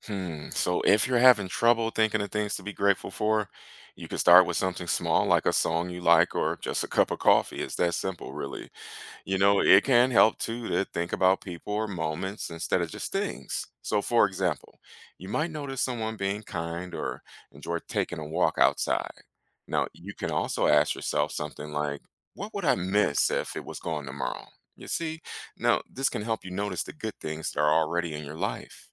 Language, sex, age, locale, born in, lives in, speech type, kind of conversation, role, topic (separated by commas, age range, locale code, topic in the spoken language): English, male, 35-39, en-US, United States, United States, read, expert-assistant, expert, How can practicing gratitude improve overall happiness?